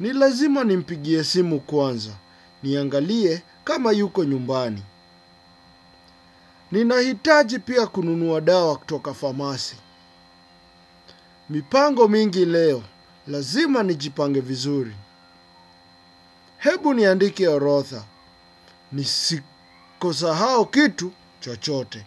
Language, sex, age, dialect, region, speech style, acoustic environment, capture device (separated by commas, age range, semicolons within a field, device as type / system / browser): Swahili, male, 25-34, Kenya - Nairobi Sheng-influenced, Kenya, focused, nature, desktop / Windows / Chrome